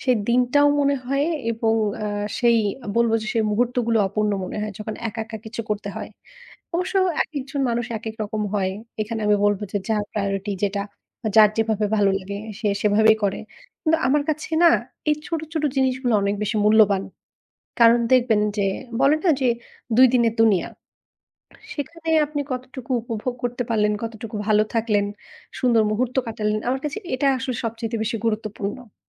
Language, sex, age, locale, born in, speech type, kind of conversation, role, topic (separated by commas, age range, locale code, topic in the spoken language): Bengali, female, 35-39, bn-BD, Bangladesh, conversation, podcast, guest, কেন আপনি ছোট ছোট বিষয়েও ভাবতে ভাবতে থমকে যান?